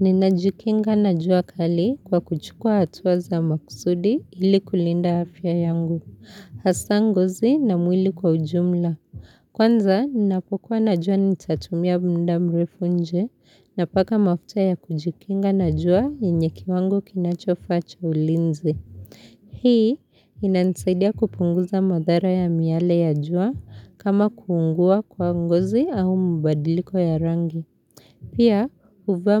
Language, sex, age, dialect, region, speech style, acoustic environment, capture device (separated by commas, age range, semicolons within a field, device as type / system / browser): Swahili, female, 25-34, Kenya - Nairobi Sheng-influenced, Kenya, happy; relaxed; focused, people talking; nature; silence; appliances, mobile / Linux / Chrome